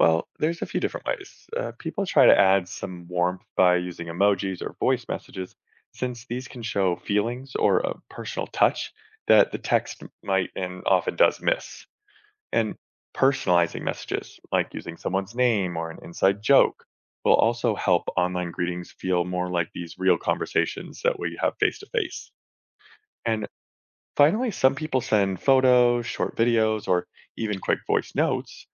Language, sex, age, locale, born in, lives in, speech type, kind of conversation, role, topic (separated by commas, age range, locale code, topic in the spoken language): English, male, 35-39, en-US, United States, United States, read, expert-assistant, expert, What are traditional ways of greeting someone in various countries?